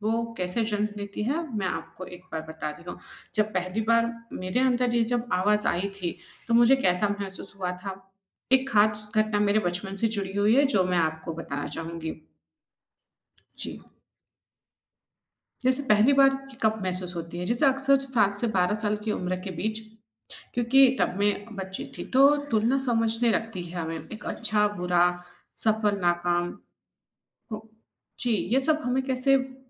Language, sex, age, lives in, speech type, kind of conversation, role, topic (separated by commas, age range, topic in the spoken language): Hindi, female, 25-29, India, conversation, podcast, guest, आप अपने भीतर की आलोचनात्मक आवाज़ से कैसे निपटते हैं?